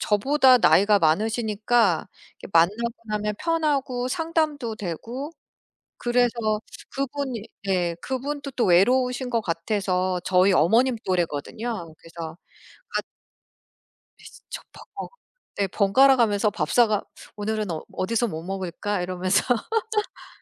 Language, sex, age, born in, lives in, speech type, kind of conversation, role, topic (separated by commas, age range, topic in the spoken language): Korean, female, 45-49, United States, United States, conversation, unstructured, none, SNS와 블로그 중 어느 플랫폼을 더 자주 사용하시나요?